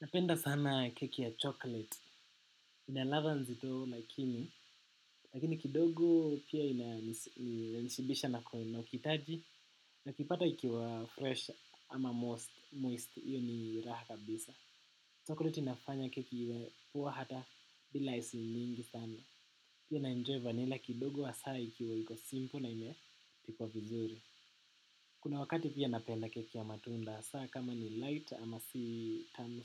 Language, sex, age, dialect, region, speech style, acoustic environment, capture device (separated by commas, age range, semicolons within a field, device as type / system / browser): Swahili, male, 18-24, Kenya - Nairobi Sheng-influenced, Kenya, happy, nature, desktop / macOS / Chrome